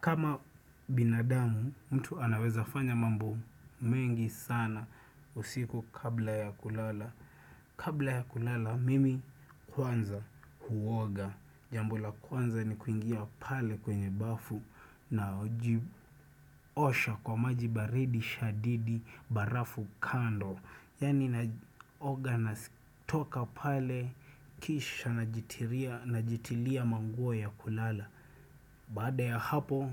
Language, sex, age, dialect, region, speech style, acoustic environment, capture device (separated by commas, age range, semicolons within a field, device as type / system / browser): Swahili, male, 25-34, Kenya - Nairobi Sheng-influenced, Kenya, focused, silence, desktop / Windows / Chrome